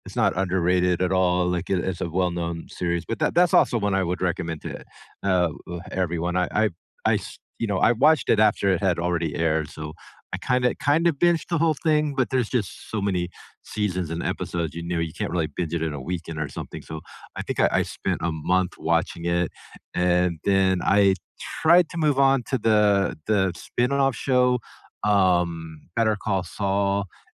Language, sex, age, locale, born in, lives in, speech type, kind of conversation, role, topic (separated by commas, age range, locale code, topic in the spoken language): English, male, 50-54, en-US, United States, United States, conversation, unstructured, none, Which TV series would you recommend to everyone, and why?